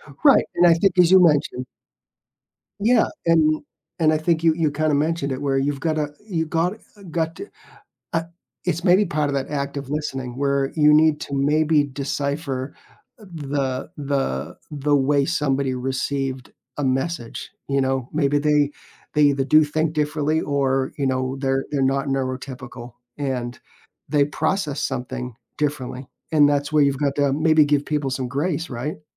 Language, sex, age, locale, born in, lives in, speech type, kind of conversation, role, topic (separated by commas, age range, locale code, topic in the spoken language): English, male, 55-59, en-US, United States, United States, conversation, podcast, host, How can we have respectful conversations with people who see the world differently?